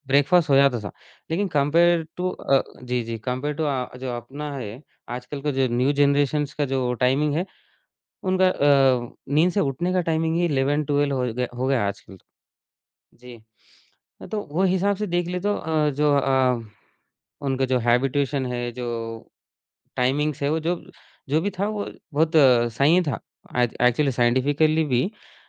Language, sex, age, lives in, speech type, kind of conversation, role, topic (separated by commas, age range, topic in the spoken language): Hindi, male, 20-24, India, conversation, podcast, guest, Pichhli generation ke values aaj tumhari zindagi mein kaise nazar aate hain?